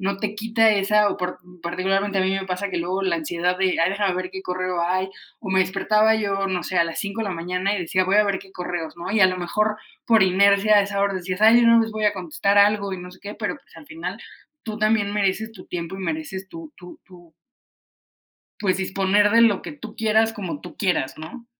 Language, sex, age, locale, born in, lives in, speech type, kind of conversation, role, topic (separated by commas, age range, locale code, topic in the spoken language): Spanish, female, 30-34, es-MX, Mexico, Mexico, conversation, unstructured, none, ¿Te molesta que te pidan responder correos o mensajes fuera del horario laboral?